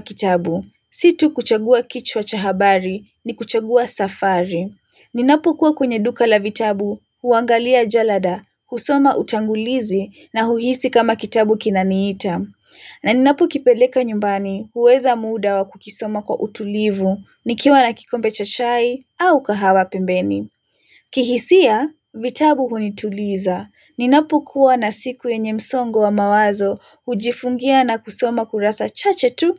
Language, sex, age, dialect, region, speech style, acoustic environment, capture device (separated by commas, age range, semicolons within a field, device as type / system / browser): Swahili, female, 18-24, Kenya - Nairobi Sheng-influenced, Kenya, relaxed, silence, mobile / Linux / Chrome